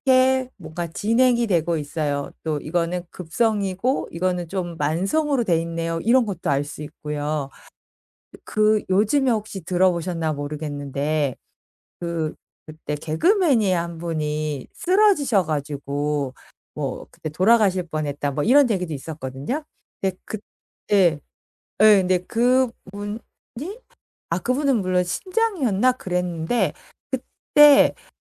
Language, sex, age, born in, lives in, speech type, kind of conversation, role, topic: Korean, female, 45-49, South Korea, France, conversation, podcast, guest, 취미를 하면서 만든 특별한 기억이 있으신가요?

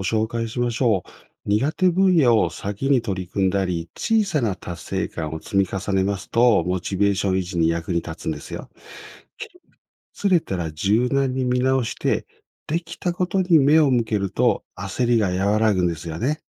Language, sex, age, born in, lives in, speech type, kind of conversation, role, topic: Japanese, male, 55-59, Japan, Japan, read, expert-assistant, expert, 大人になってから「学び」を続けるには、どんな工夫や考え方が役立つのでしょうか？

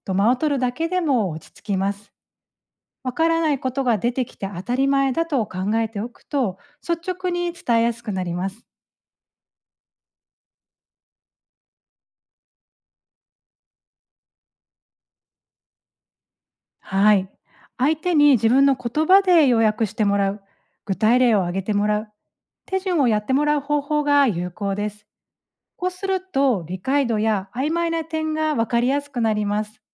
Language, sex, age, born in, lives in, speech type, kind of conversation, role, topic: Japanese, female, 40-44, Japan, Japan, read, expert-assistant, expert, 「友だちに教えると自分もよく理解できるのは、どういう仕組みですか？」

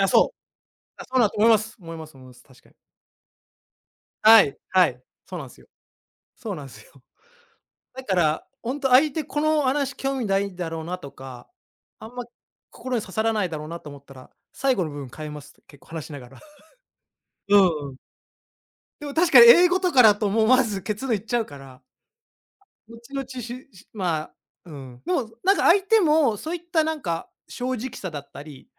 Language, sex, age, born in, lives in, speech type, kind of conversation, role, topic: Japanese, male, 35-39, Japan, Japan, conversation, unstructured, none, 友達と過ごす時間で大切にしていることは何ですか？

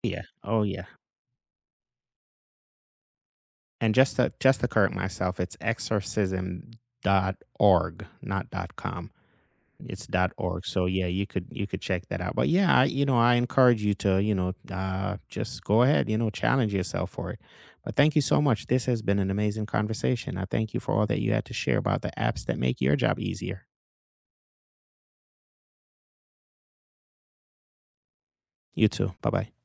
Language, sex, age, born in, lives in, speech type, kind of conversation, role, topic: English, male, 35-39, Jamaica, United States, conversation, unstructured, none, What tool or app has actually made your job easier?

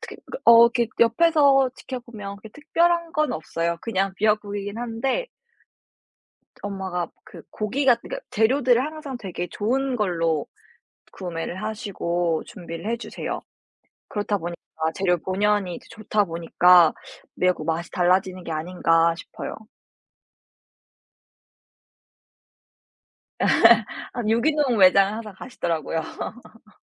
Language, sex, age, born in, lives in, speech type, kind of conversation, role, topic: Korean, female, 18-19, South Korea, United States, conversation, podcast, guest, 가족 전통 음식 레시피를 어떻게 전수받았나요?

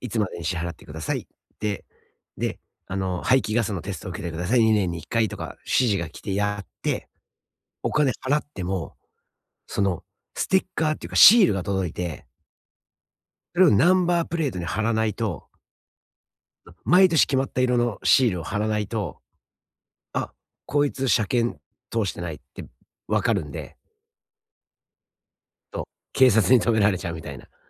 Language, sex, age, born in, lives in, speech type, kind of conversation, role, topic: Japanese, male, 45-49, Japan, United States, conversation, advice, user, 引越し後の住所変更や各種手続きはどのように始めればよいですか？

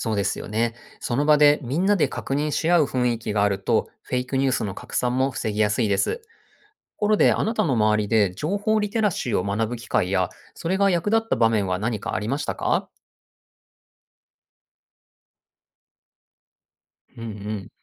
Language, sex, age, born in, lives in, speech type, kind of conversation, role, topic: Japanese, male, 40-44, Japan, Japan, read, expert-assistant, expert, ネット上で見かけるニュースや情報、本当に信じていいものはどうやって見分ければいいですか？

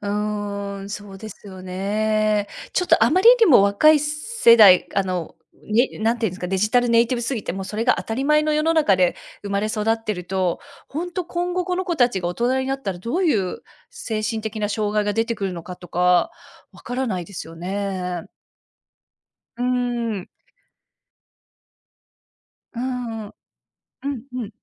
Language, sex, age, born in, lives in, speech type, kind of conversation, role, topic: Japanese, female, 40-44, Japan, United States, conversation, unstructured, none, 周りの人の意見を気にしますか？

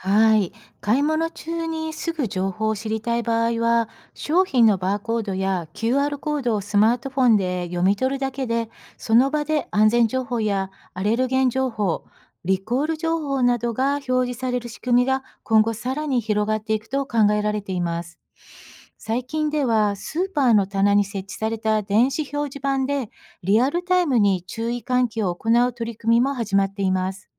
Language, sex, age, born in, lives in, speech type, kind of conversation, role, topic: Japanese, female, 55-59, Japan, United States, read, expert-assistant, expert, 食品の安全と新しい技術の進化で買い物はどう変わりますか？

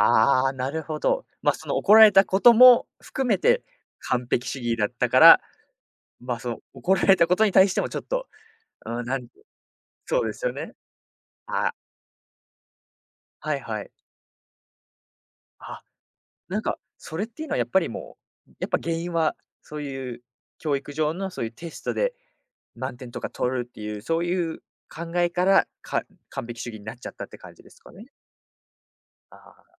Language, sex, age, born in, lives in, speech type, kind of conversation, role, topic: Japanese, male, 20-24, United States, Japan, conversation, podcast, host, 完璧主義をどう抑える？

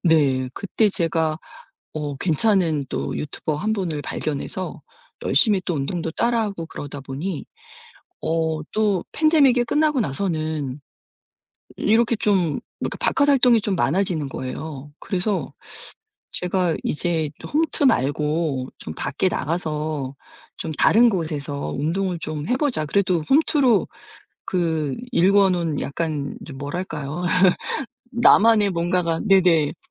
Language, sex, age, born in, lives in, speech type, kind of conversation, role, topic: Korean, female, 50-54, South Korea, United States, conversation, advice, user, 헬스장이나 그룹 수업에서 다른 사람 눈치가 보여 운동을 못 할 때 어떻게 하면 좋을까요?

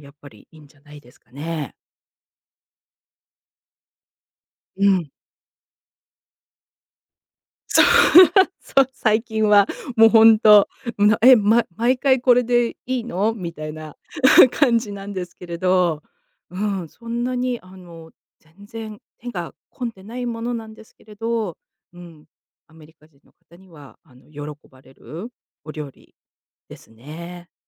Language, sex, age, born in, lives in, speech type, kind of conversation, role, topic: Japanese, female, 50-54, Japan, United States, conversation, podcast, guest, 簡単に作れて喜ばれるおもてなし料理は何ですか？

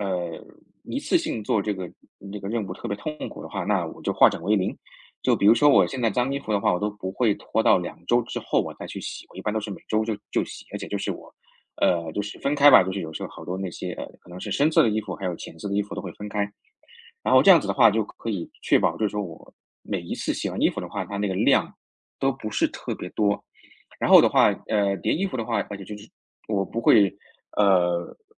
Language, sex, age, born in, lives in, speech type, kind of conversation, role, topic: Chinese, male, 30-34, China, United States, conversation, podcast, guest, 你怎样才能避免拖延反复发生？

